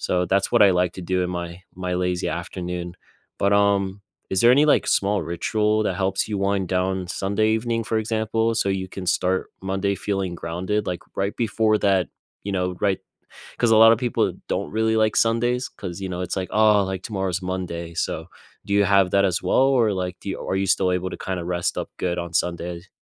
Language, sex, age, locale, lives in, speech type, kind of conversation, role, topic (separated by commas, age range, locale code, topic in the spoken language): English, male, 20-24, en-US, United States, conversation, unstructured, none, What does your ideal slow weekend look like, and what small rituals could we swap to recharge?